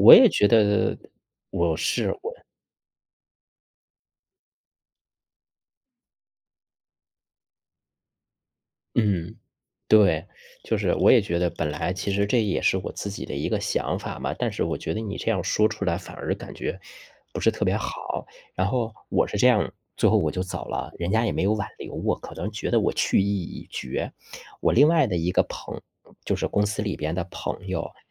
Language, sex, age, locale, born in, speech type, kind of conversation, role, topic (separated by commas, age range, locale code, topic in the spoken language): Chinese, male, 35-39, zh-CN, China, conversation, unstructured, none, 如果工资和工作量不匹配，你会选择忍受吗？